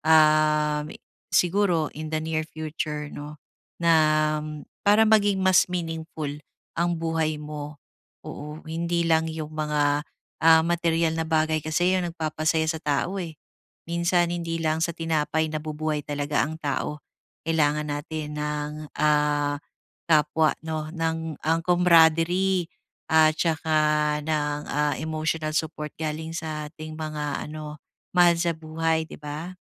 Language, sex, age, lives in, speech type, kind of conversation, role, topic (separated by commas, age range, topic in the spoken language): Filipino, female, 35-39, Philippines, conversation, advice, advisor, Paano ko mas mapapahalagahan ang mga karanasan kaysa sa pagbili ng mga bagong gamit?